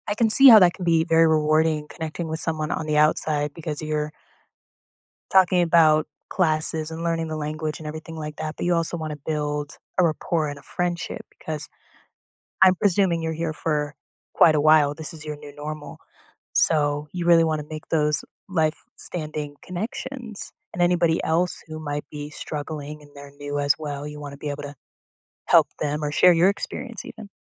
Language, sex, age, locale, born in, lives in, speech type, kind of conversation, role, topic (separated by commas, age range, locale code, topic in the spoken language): English, female, 25-29, en-US, United States, United States, conversation, advice, advisor, How can I make friends and feel less lonely after moving to a new city?